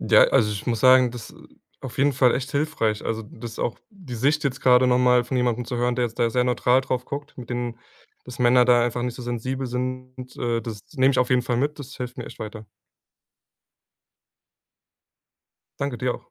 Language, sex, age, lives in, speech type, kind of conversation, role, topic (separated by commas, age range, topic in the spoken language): German, male, 20-24, Germany, conversation, advice, user, Wie erlebst du deinen Umzug in eine andere Stadt oder in ein anderes Land?